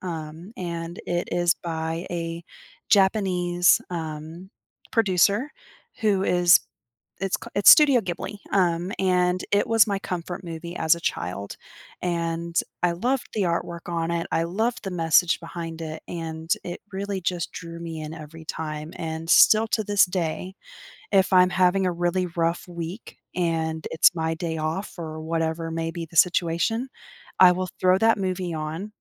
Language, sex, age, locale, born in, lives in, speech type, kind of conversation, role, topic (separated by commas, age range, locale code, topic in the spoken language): English, female, 30-34, en-US, United States, United States, conversation, unstructured, none, How do movies help boost your mood when you're feeling down?